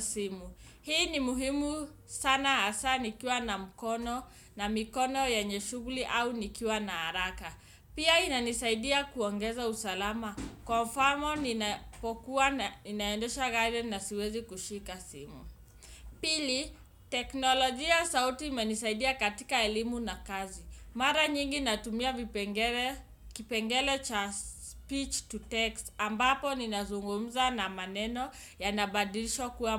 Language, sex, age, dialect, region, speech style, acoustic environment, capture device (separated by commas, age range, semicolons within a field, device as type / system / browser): Swahili, male, 25-34, Kenya - Nairobi Sheng-influenced, Kenya, focused, nature, desktop / Windows / Chrome